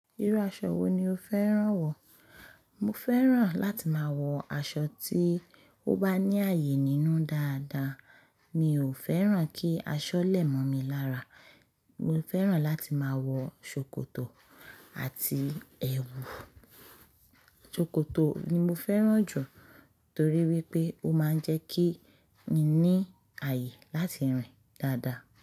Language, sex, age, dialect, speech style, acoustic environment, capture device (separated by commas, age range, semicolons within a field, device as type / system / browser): Yoruba, female, 25-34, Nigeria - Lagos Yoruba, happy; focused, nature, mobile / Linux / Chrome